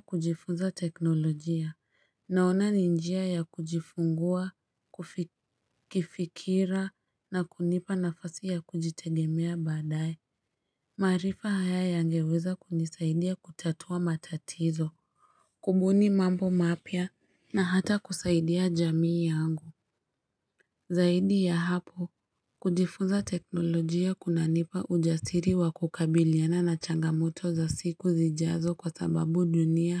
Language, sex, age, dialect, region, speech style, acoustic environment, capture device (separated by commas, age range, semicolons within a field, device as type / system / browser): Swahili, female, 25-34, Kenya - Nairobi Sheng-influenced, Kenya, happy; nervous; excited; focused, silence; traffic; nature, mobile / Linux / Chrome